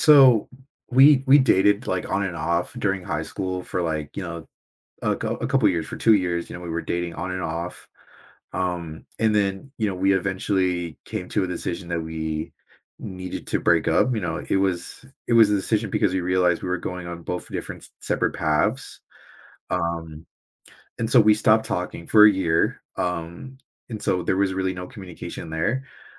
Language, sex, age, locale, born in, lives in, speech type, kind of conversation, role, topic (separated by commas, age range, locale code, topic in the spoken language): English, male, 20-24, en-US, United States, United States, conversation, advice, user, How do I rebuild my sense of self after a recent breakup?